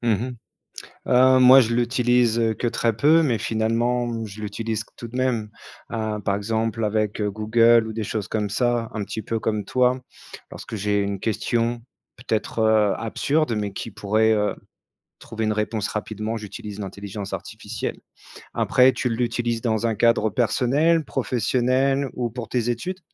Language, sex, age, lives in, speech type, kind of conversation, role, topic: French, male, 35-39, France, conversation, unstructured, none, As-tu un souvenir heureux vécu en pleine nature ?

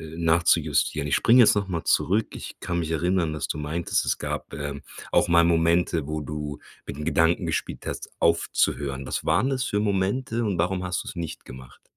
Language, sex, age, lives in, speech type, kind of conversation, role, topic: German, male, 40-44, Germany, conversation, podcast, host, Wie bleibst du ambitioniert, ohne auszubrennen?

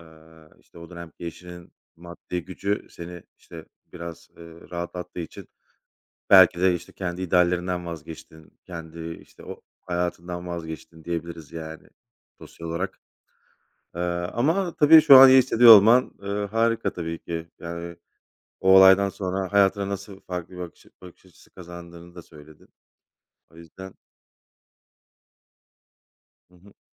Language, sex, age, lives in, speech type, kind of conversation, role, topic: Turkish, male, 35-39, Poland, conversation, unstructured, none, Hayatını değiştiren bir deneyimi anlatır mısın?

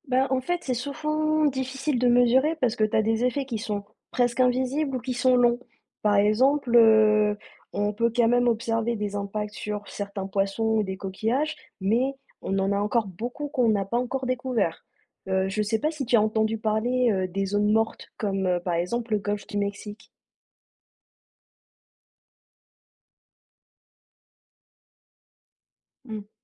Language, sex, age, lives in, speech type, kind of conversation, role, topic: French, female, 30-34, France, read, expert-assistant, expert, Comment reconnaître une info fiable sur les océans quand on lit un article ou regarde une vidéo ?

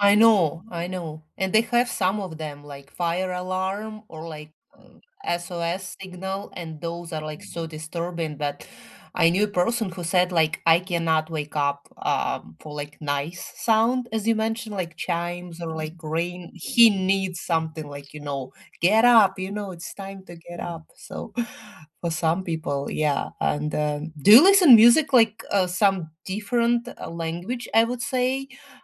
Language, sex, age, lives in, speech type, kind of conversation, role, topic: English, female, 40-44, United States, conversation, unstructured, none, What is a surprising way that music affects how we feel?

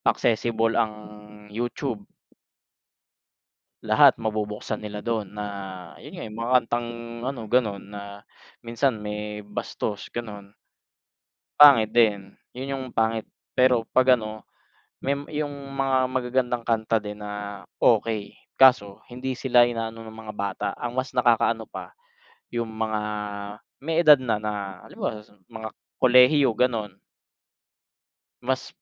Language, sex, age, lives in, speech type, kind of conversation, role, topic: Filipino, male, 30-34, Philippines, conversation, unstructured, none, Paano nakaaapekto ang musika sa ating damdamin at produktibidad?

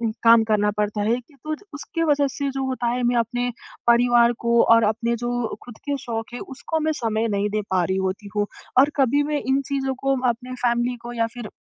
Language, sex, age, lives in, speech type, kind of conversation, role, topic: Hindi, female, 20-24, India, conversation, advice, user, मैं परिवार, काम और शौकों के बीच सही संतुलन कैसे बनाऊँ?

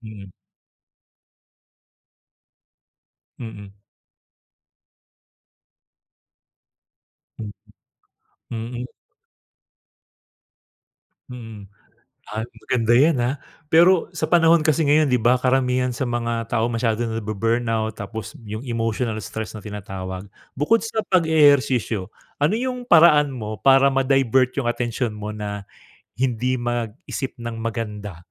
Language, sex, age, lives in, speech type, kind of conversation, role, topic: Filipino, male, 45-49, Philippines, conversation, podcast, host, Paano mo sinisimulan ang umaga para maging mas malusog ang araw mo?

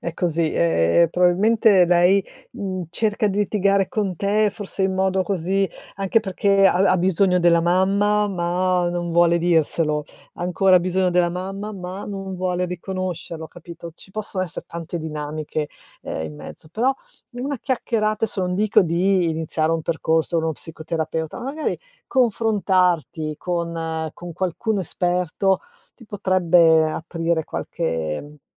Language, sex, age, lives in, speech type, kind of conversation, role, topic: Italian, female, 55-59, Italy, conversation, advice, advisor, Come posso parlare dei problemi in una relazione senza scatenare conflitti?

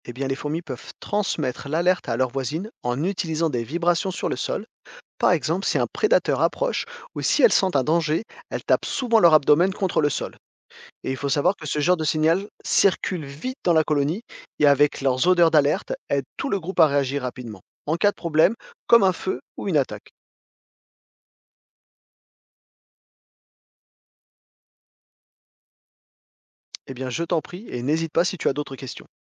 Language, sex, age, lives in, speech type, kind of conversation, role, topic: French, male, 40-44, France, read, expert-assistant, expert, Comment les fourmis communiquent-elles entre elles ?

